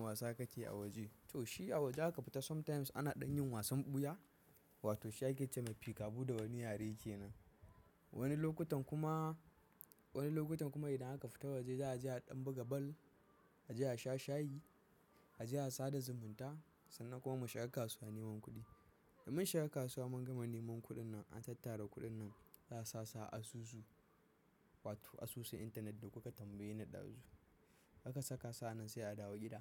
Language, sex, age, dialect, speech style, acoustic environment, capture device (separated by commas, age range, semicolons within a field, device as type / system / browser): Hausa, male, 18-24, Nigeria - Kano, focused, appliances, mobile / macOS / Safari